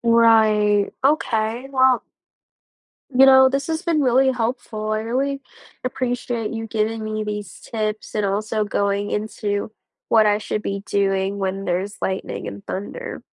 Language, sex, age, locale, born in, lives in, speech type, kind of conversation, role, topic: English, female, 20-24, en-US, United States, United States, read, expert-assistant, asker, What causes lightning and thunder during a storm?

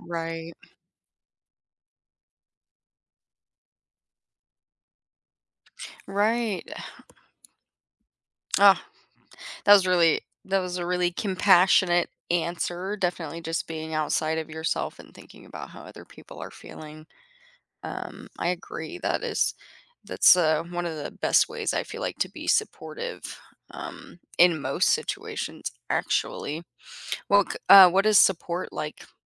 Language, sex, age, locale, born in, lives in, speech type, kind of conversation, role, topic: English, female, 25-29, en-US, United States, United States, conversation, podcast, host, What helps families stay connected and support each other through grief?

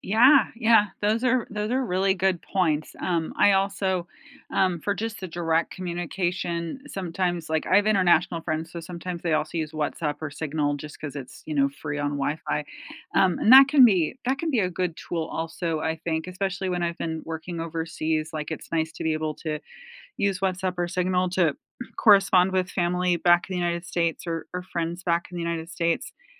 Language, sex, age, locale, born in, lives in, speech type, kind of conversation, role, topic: English, female, 45-49, en-US, United States, United States, conversation, unstructured, none, What have you found most helpful and most distracting about technology in the classroom?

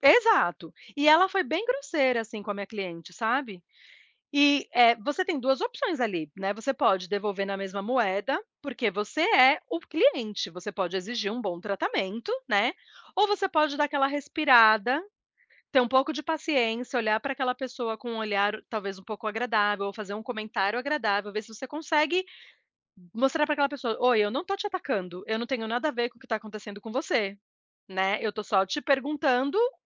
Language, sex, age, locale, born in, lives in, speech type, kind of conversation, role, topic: Portuguese, female, 40-44, pt-BR, Brazil, United States, conversation, unstructured, none, Qual é o papel da empatia na resolução de conflitos?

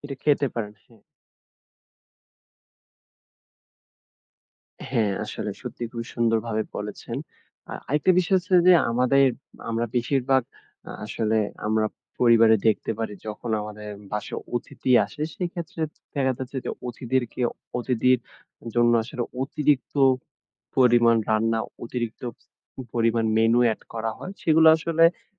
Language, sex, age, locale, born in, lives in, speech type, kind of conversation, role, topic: Bengali, male, 20-24, bn-BD, Bangladesh, Bangladesh, conversation, podcast, host, তুমি কীভাবে খাবার বর্জ্য কমাও?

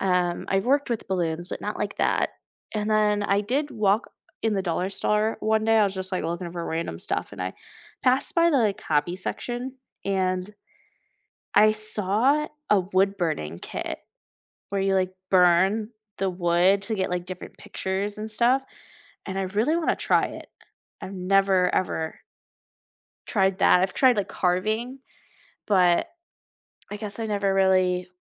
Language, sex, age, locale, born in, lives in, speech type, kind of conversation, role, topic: English, female, 20-24, en-US, United States, United States, conversation, unstructured, none, What is a new hobby or skill you are exploring just for fun, and what drew you to it?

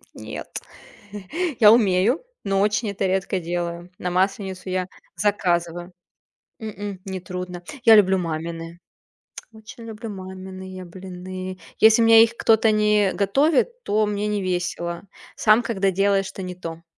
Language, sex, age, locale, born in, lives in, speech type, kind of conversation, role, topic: Russian, female, 30-34, ru-RU, Russia, Germany, conversation, unstructured, none, Как культурные праздники объединяют людей?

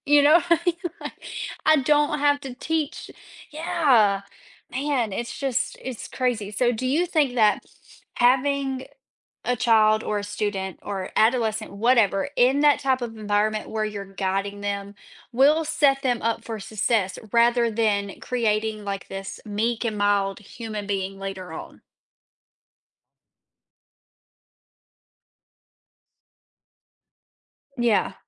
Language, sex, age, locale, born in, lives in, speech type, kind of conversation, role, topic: English, female, 25-29, en-US, United States, United States, conversation, podcast, host, Why is it important for students to view mistakes as opportunities for learning and growth?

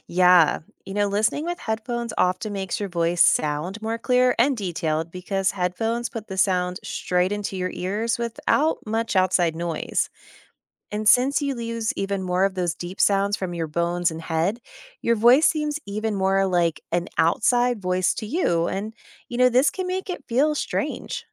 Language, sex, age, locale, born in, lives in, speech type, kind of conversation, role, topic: English, female, 35-39, en-US, United States, United States, read, expert-assistant, expert, Why does a recorded voice sound different?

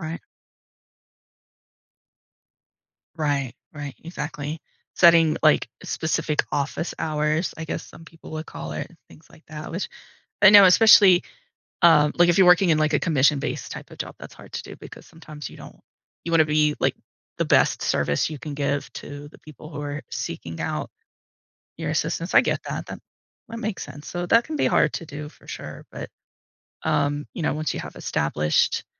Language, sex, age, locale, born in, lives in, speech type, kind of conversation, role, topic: English, female, 40-44, en-US, United States, United States, conversation, advice, advisor, How can I set better boundaries so work doesn't take over my personal life?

